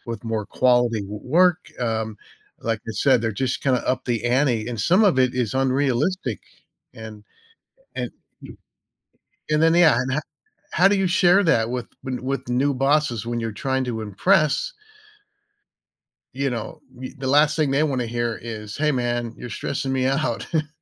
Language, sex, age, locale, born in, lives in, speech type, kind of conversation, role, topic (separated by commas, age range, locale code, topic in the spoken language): English, male, 60-64, en-US, United States, United States, conversation, advice, user, How can I manage stress at my busy job?